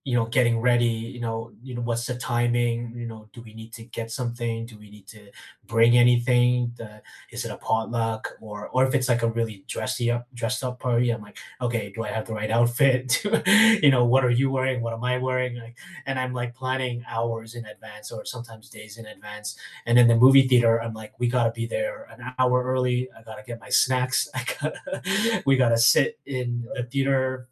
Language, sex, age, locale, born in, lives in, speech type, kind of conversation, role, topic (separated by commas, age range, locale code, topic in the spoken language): English, male, 40-44, en-CA, Canada, Canada, conversation, unstructured, none, What are your movie theater rituals and your perfect snack combo, and what stories are behind them?